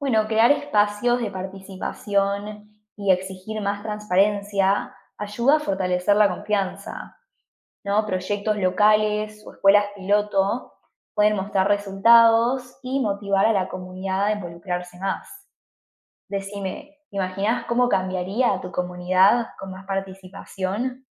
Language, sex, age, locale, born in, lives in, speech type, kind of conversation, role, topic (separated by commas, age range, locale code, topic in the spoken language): Spanish, female, 20-24, es-AR, Argentina, United States, read, expert-assistant, expert, ¿Por qué algunos países ofrecen educación superior gratuita y cómo influye la participación social?